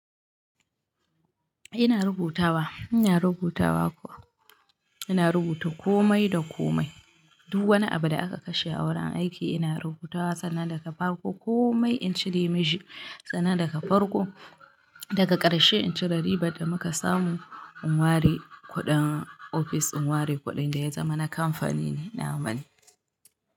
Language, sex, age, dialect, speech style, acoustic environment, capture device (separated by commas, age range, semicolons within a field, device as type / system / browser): Hausa, female, 25-34, Nigeria - Kano, happy, nature; people talking, mobile / Linux / Chrome